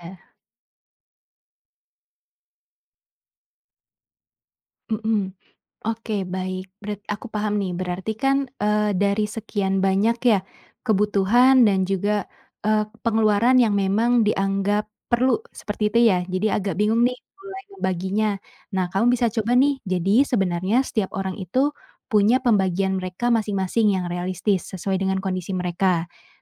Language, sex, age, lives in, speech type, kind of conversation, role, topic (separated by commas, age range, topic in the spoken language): Indonesian, female, 25-29, Indonesia, conversation, advice, advisor, Apa kesulitan utama Anda dalam menyusun anggaran bulanan yang realistis dan menjalaninya secara konsisten?